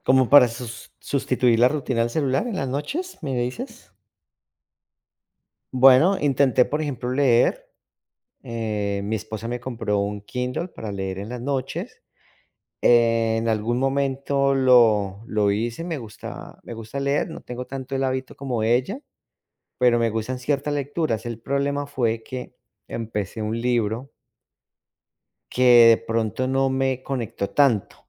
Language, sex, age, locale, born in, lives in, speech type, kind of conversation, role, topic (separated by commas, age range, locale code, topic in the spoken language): Spanish, male, 40-44, es-CO, Colombia, United States, conversation, advice, user, ¿Cómo puedo desconectarme del celular por la noche antes de dormir?